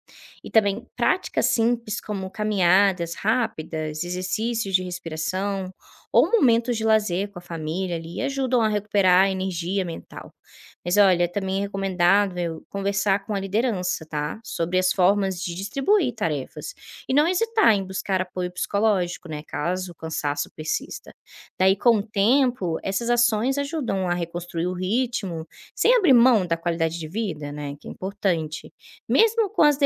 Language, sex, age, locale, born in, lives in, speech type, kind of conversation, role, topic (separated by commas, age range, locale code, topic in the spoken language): Portuguese, female, 25-29, pt-BR, Brazil, Spain, read, expert-assistant, expert, Como conciliar a carreira no jornalismo com a vida familiar?